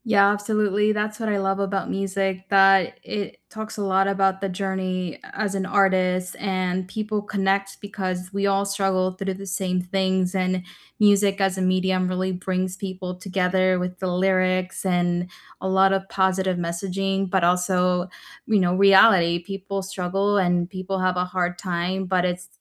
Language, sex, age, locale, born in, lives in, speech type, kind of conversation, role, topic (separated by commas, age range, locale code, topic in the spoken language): English, female, 25-29, en-US, United States, United States, conversation, unstructured, none, What soundtracks make your everyday moments feel cinematic?